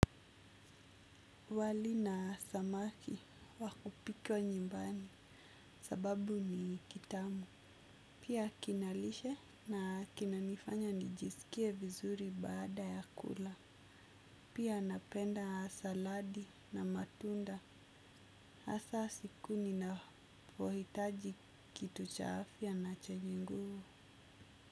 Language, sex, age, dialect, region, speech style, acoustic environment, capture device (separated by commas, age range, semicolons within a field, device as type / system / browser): Swahili, female, 25-34, Kenya - Mombasa, Kenya, focused, nature, desktop / Windows / Chrome